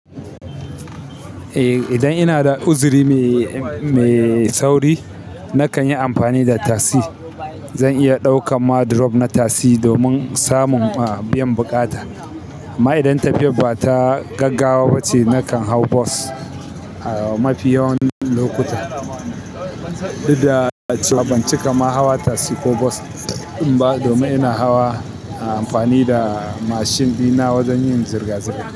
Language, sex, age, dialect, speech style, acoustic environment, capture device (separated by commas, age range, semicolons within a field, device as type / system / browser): Hausa, male, 25-34, Nigeria - Kano, focused, people talking, mobile / Linux / Chrome